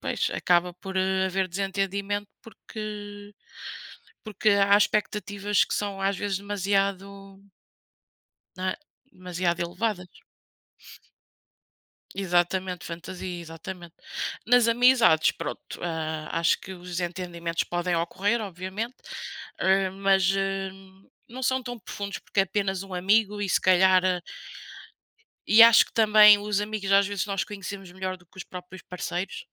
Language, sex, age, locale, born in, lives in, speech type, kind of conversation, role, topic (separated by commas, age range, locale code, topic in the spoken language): Portuguese, female, 30-34, pt-PT, Portugal, Portugal, conversation, unstructured, none, O impacto dos desentendimentos é mais profundo em amizades ou em relacionamentos amorosos?